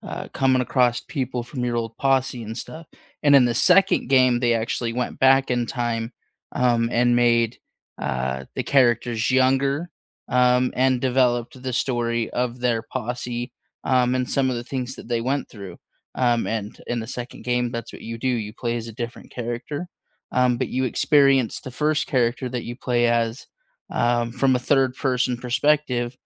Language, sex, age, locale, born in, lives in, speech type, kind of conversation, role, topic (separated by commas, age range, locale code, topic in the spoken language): English, male, 25-29, en-US, United States, United States, conversation, unstructured, none, Which video game has moved you the most emotionally, and what moments, characters, or memories made it meaningful to you?